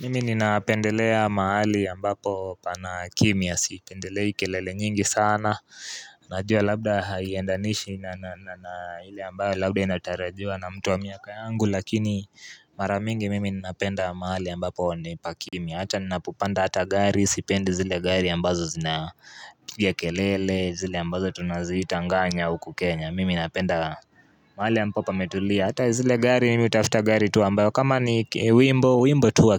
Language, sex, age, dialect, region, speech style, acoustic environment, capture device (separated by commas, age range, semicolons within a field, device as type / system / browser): Swahili, male, 18-24, Kenya - Nairobi Sheng-influenced, Kenya, relaxed, nature; people talking, mobile / Linux / Chrome